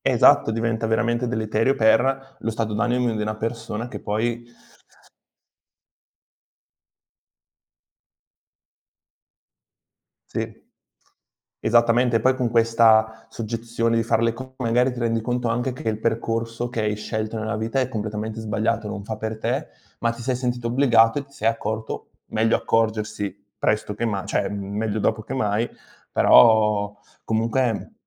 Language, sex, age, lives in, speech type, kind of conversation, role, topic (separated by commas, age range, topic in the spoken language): Italian, male, 20-24, Italy, conversation, unstructured, none, Qual è il tuo modo preferito per rilassarti dopo il lavoro?